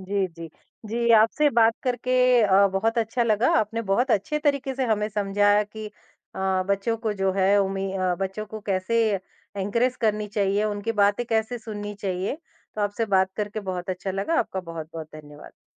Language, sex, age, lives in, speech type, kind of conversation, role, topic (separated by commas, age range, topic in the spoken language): Hindi, male, 50-54, India, conversation, podcast, host, माता-पिता की उम्मीदें बच्चों पर कैसा दबाव बनाती हैं?